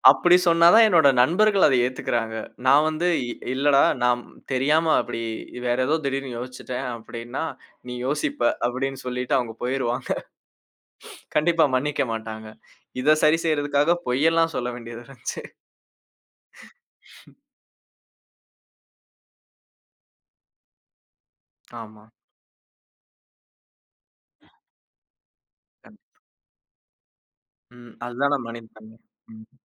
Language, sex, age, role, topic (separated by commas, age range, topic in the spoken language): Tamil, male, 25-29, guest, மீண்டும் அதே தவறை செய்யாமல் இருக்க நீங்கள் எந்தப் பழக்கத்தை மாற்றினீர்கள்?